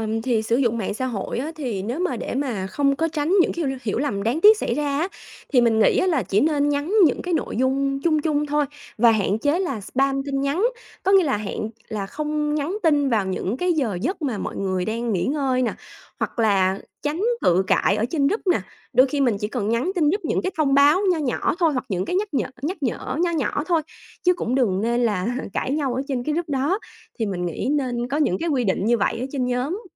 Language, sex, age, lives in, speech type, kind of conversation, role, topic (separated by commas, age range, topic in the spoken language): Vietnamese, female, 30-34, Vietnam, conversation, podcast, guest, Bạn thường làm gì để kết nối với hàng xóm xung quanh mình?